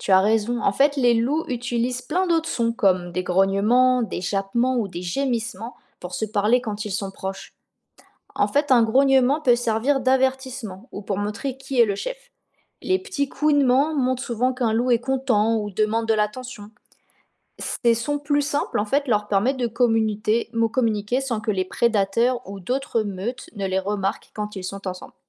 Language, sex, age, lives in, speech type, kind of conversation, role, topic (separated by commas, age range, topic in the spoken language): French, female, 20-24, France, read, expert-assistant, expert, Pourquoi les loups hurlent-ils à la lune ?